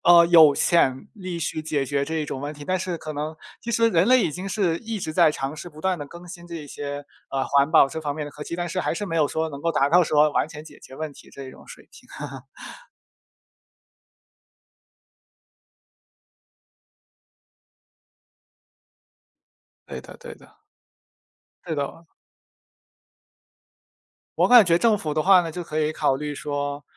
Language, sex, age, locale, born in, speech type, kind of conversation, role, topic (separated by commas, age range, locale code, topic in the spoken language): Chinese, male, 20-24, zh-CN, China, conversation, unstructured, none, 你觉得政府应该如何解决环境问题？